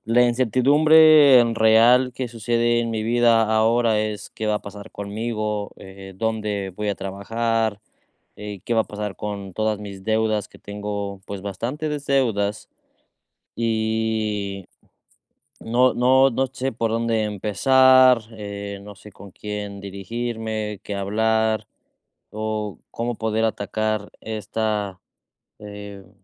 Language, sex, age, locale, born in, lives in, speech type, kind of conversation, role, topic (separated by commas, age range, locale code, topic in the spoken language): Spanish, male, 30-34, es-MX, Mexico, Mexico, conversation, advice, user, ¿Cómo puedo manejar la incertidumbre después de un cambio significativo?